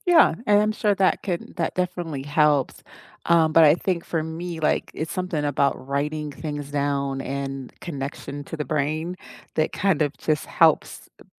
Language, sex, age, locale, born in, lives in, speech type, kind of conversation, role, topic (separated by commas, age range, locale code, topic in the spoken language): English, female, 50-54, en-US, United States, United States, conversation, unstructured, none, How do you learn best—through notes, videos, or hands-on practice?